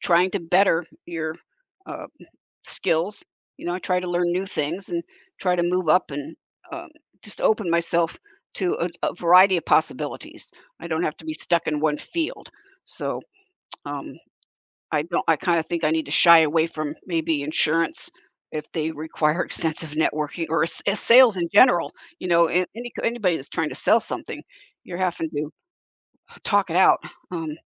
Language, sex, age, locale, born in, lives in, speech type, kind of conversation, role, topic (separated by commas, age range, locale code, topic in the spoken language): English, female, 70-74, en-US, United States, United States, conversation, unstructured, none, Would you rather work in a role that requires extensive networking or one that focuses on individual performance?